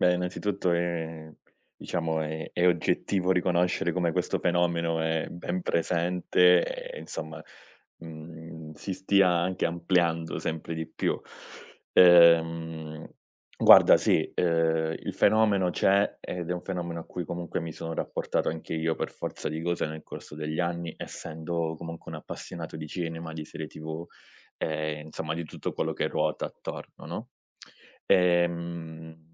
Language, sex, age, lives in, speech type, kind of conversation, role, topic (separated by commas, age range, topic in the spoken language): Italian, male, 30-34, Italy, conversation, podcast, guest, Come ti rapporti davvero agli spoiler sui social?